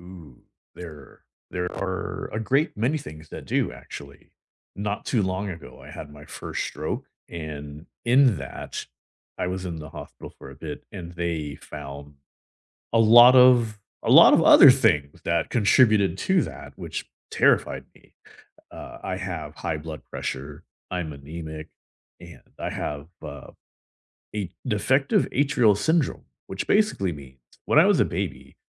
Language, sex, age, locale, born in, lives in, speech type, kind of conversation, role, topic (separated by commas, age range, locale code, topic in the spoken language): English, male, 40-44, en-US, United States, United States, conversation, unstructured, none, What scares you most about the future of your physical health?